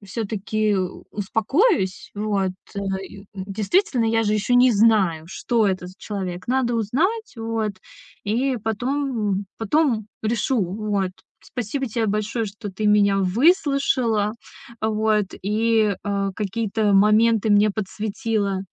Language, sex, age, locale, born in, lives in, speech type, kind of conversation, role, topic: Russian, female, 30-34, ru-RU, Russia, Estonia, conversation, advice, user, Как может измениться моё эмоциональное состояние через несколько лет после этого выбора?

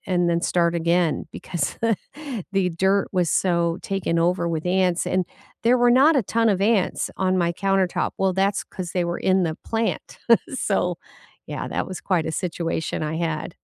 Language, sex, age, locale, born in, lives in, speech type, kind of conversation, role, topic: English, female, 60-64, en-US, United States, United States, conversation, unstructured, none, Which indoor plants will thrive in your space, and how should you style them?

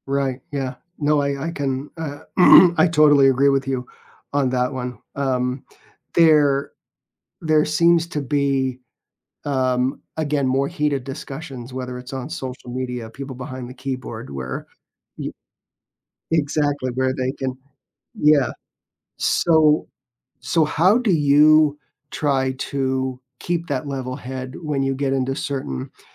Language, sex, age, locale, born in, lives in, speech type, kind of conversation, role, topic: English, male, 55-59, en-US, United States, United States, conversation, podcast, host, How can we have respectful conversations with people who see the world differently?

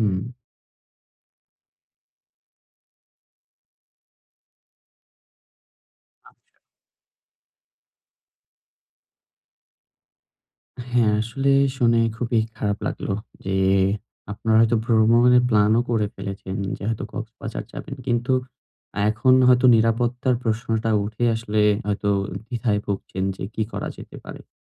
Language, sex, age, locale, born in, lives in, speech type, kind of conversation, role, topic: Bengali, male, 20-24, bn-BD, Bangladesh, Bangladesh, conversation, advice, advisor, ভ্রমণে নিরাপত্তা নিয়ে উদ্বেগ কীভাবে কমিয়ে সামলাবো?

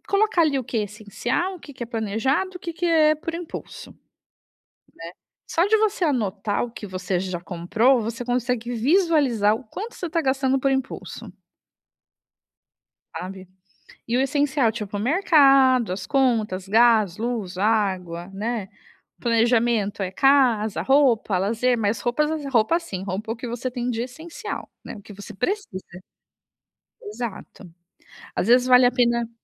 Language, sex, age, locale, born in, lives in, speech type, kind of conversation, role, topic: Portuguese, female, 35-39, pt-BR, Brazil, Italy, conversation, advice, advisor, Como posso evitar compras por impulso e controlar meu orçamento?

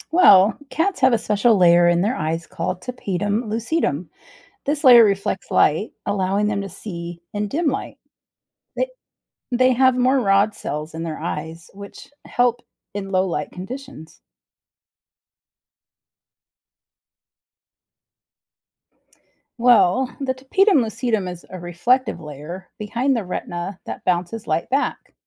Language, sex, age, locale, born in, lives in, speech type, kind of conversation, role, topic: English, female, 45-49, en-US, United States, United States, read, expert-assistant, expert, How do cats see in the dark?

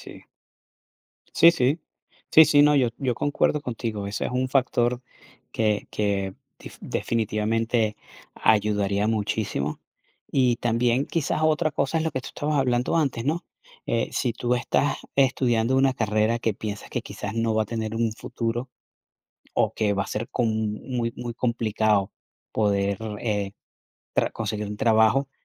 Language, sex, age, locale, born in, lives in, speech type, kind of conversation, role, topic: Spanish, male, 45-49, es-VE, Venezuela, United States, conversation, unstructured, none, ¿Es justo que los estudiantes tengan tanta tarea todos los días?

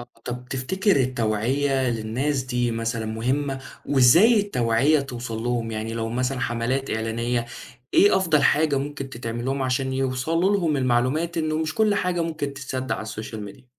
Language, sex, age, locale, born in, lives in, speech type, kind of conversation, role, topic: Arabic, male, 20-24, ar-EG, Saudi Arabia, Egypt, conversation, podcast, host, إزاي بتتأكد من صحة الأخبار على السوشيال ميديا قبل ما تصدّقها؟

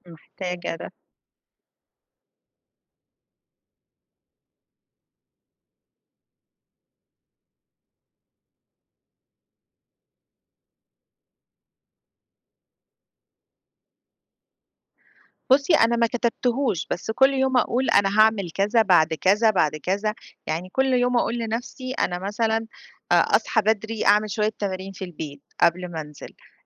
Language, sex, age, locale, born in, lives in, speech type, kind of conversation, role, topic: Arabic, female, 40-44, ar-EG, Egypt, Greece, conversation, advice, user, إزاي أنظم وقتي للتمارين مع الشغل والأطفال؟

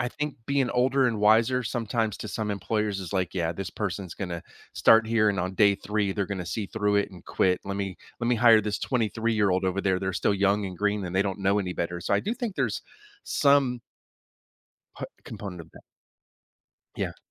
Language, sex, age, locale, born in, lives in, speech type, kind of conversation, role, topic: English, male, 45-49, en-US, United States, United States, conversation, podcast, guest, What helps people succeed when starting a new career?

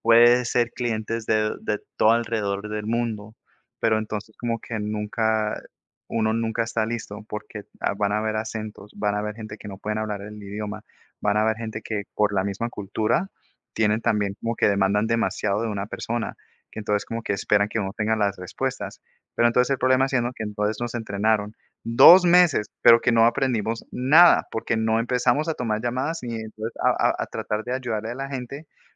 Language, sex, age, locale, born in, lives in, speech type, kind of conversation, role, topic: Spanish, male, 30-34, es-CO, United States, United States, conversation, unstructured, none, ¿Cómo te relajas después de un día difícil?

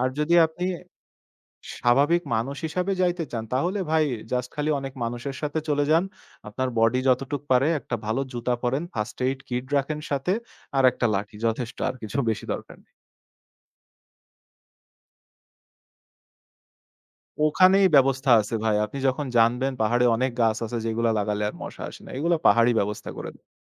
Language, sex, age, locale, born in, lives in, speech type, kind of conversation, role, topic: Bengali, male, 25-29, bn-BD, Bangladesh, Bangladesh, conversation, podcast, guest, ভ্রমণ থেকে তুমি সবচেয়ে বড় শিক্ষা কী পেয়েছো?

